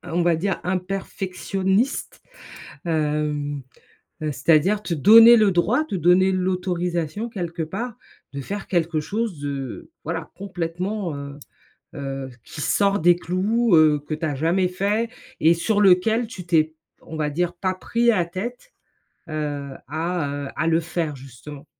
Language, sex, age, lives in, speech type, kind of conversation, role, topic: French, female, 45-49, United States, conversation, advice, advisor, Comment le perfectionnisme t’empêche-t-il de finir tes projets ?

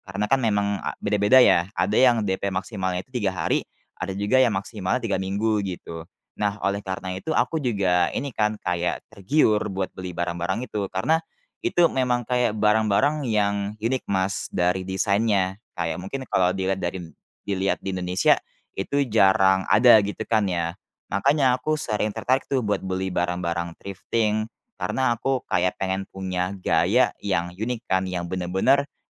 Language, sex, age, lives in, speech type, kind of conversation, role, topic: Indonesian, male, 20-24, Indonesia, conversation, advice, user, Mengapa saya kesulitan mengontrol belanja impulsif secara daring?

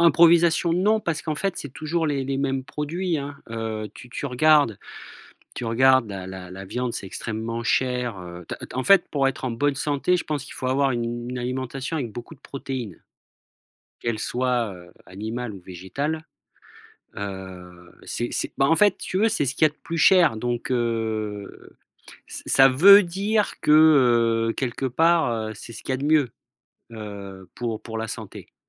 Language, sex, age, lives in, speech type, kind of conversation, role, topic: French, male, 40-44, France, conversation, podcast, guest, Comment fais-tu tes courses pour manger mieux et jeter moins ?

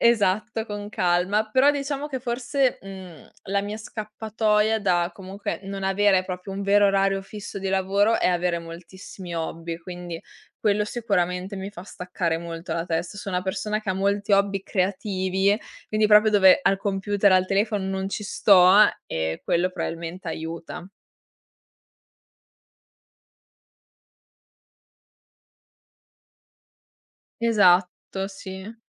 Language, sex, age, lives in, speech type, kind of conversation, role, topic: Italian, female, 20-24, Italy, conversation, podcast, guest, Come gestisci i confini tra lavoro e vita privata con e-mail e chat?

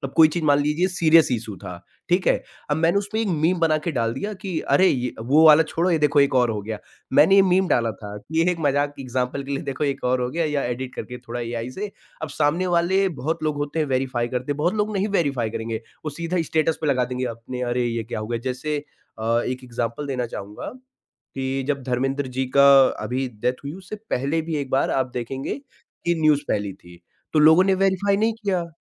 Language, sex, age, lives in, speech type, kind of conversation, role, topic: Hindi, male, 20-24, India, conversation, podcast, guest, Social media par misinformation entertainment se kaise judi hoti hai?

